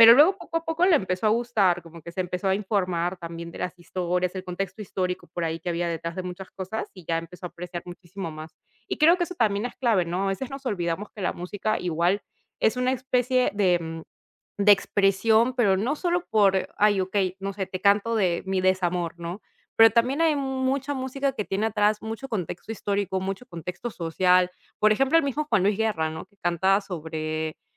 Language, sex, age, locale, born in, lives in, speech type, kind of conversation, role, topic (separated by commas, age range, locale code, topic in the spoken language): Spanish, female, 30-34, es-PE, Peru, Italy, conversation, podcast, guest, ¿Cómo descubriste tu gusto musical?